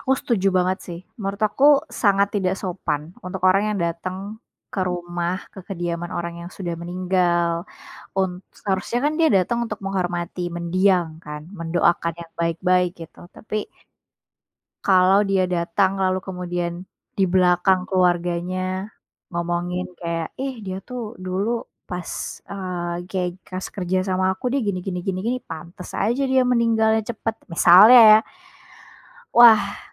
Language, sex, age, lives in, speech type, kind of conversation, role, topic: Indonesian, female, 25-29, Indonesia, conversation, unstructured, none, Bagaimana perasaanmu ketika melihat orang tidak menghormati orang yang sudah meninggal?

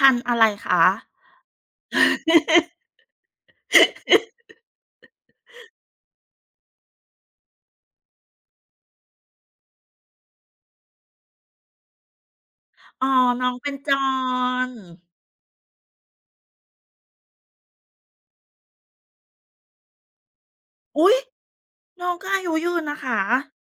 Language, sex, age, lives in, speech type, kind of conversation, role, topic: Thai, female, 40-44, Thailand, conversation, unstructured, none, คุณเคยมีสัตว์เลี้ยงทำอะไรที่ทำให้คุณประทับใจไหม?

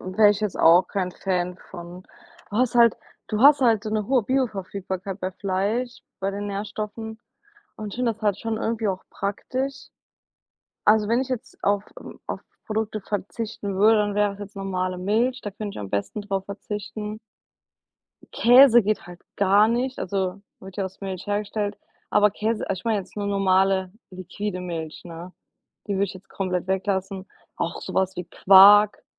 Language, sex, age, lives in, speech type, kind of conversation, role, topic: German, female, 25-29, United States, conversation, unstructured, none, Was empfindest du, wenn es um Massentierhaltung geht?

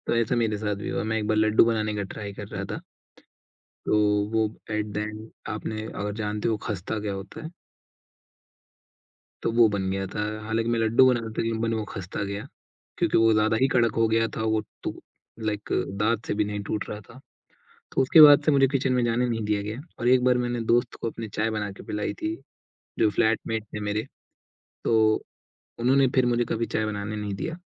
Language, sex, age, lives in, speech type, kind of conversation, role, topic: Hindi, male, 20-24, India, conversation, podcast, host, Kaunse swaad tumhe bachpan ki yaad dilaate hain?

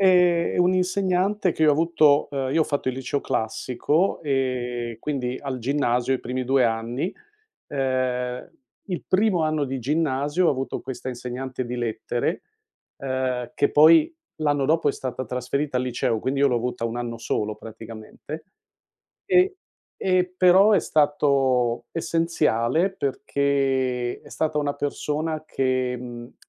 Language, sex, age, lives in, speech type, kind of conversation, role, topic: Italian, male, 60-64, United States, conversation, podcast, guest, Parla di una persona che ti ha davvero ispirato, sai?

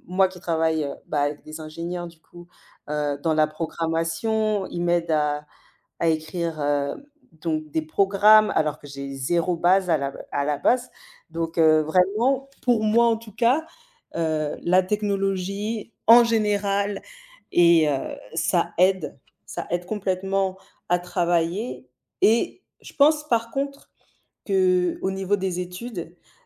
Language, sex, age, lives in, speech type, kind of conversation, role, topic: French, female, 35-39, Spain, conversation, unstructured, none, Comment la technologie change-t-elle ta vie quotidienne ?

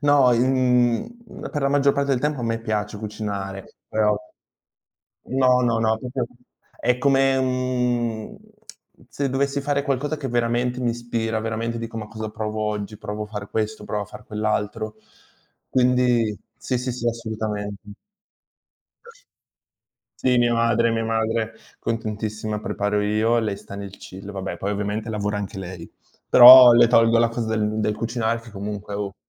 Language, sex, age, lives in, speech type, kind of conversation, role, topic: Italian, male, 20-24, Italy, conversation, unstructured, none, Qual è il tuo modo preferito per rilassarti dopo il lavoro?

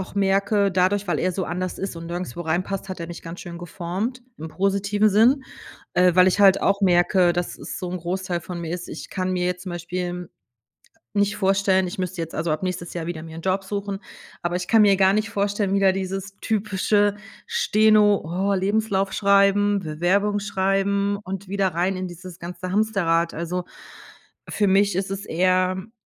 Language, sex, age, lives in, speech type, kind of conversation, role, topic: German, female, 45-49, Germany, conversation, advice, user, Wie erlebst du ständige Sorgen und Unsicherheit wegen einer unklaren Zukunft?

